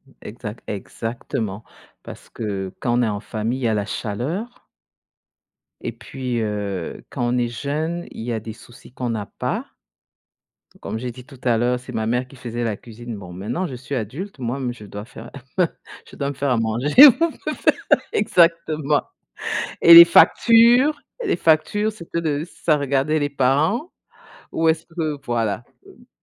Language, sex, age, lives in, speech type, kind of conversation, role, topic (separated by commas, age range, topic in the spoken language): French, female, 50-54, United States, conversation, unstructured, none, Quel est ton meilleur souvenir avec ta famille ?